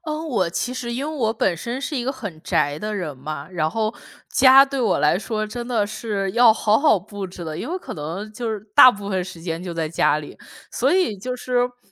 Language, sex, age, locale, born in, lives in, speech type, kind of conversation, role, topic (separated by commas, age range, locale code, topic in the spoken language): Chinese, female, 30-34, zh-CN, China, United States, conversation, podcast, guest, 你在家里最喜欢待在哪个角落？